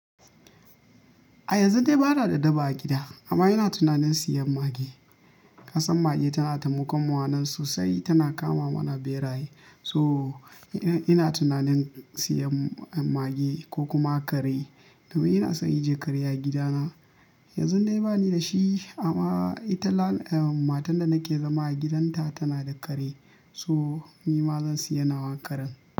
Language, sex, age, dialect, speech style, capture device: Hausa, male, 25-34, Nigeria - Kano, happy; anxious, mobile / Linux / Chrome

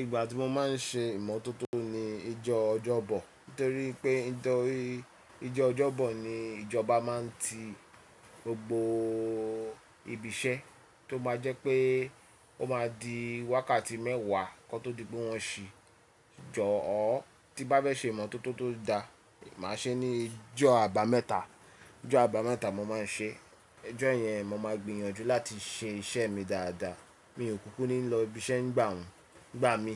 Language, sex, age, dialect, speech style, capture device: Yoruba, male, 35-44, Nigeria - Lagos Yoruba, relaxed, mobile / Linux / Chrome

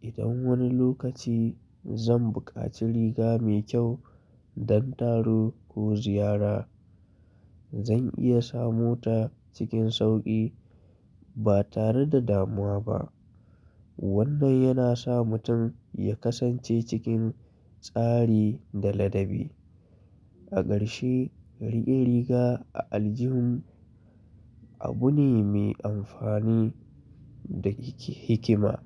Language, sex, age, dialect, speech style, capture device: Hausa, male, 25-34, Nigeria - Kano, happy; relaxed; focused, mobile / Linux / Chrome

